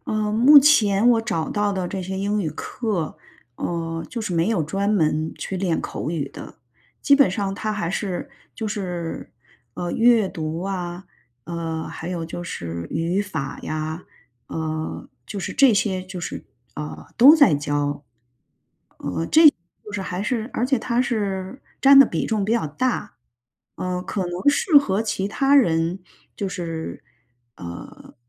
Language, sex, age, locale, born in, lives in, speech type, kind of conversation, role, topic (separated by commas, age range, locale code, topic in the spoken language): Chinese, female, 45-49, zh-CN, China, United States, conversation, advice, user, 当我的进步停滞时，我该如何保持动力并避免倦怠？